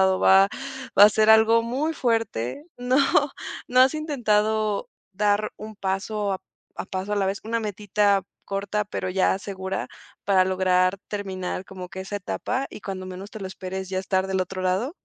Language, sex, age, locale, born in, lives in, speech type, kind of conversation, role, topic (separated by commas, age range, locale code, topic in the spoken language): Spanish, female, 20-24, es-MX, Mexico, Mexico, conversation, advice, advisor, ¿Cómo puedo dejar de procrastinar constantemente para cumplir metas importantes?